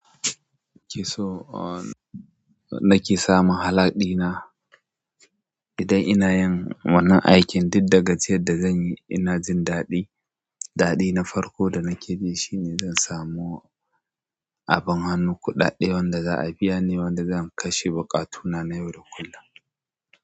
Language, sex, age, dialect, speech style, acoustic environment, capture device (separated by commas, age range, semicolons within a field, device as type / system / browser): Hausa, male, 25-34, Nigeria - Kano, relaxed; happy, silence; people talking, mobile / Android / Chrome